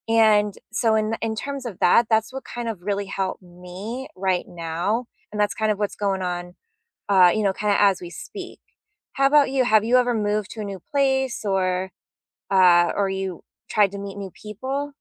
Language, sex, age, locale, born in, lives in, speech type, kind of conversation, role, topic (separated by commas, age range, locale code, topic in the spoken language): English, female, 35-39, en-US, United States, United States, conversation, unstructured, none, How do you usually meet new people where you live, and what helps those connections grow?